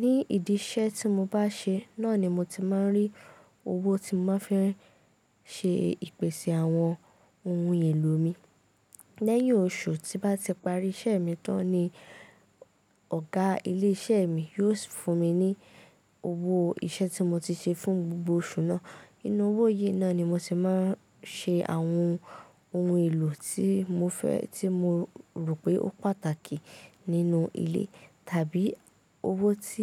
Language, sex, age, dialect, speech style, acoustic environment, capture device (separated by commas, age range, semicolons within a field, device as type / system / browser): Yoruba, female, 18-24, Nigeria - Ibadan, focused, nature, mobile / Linux / Chrome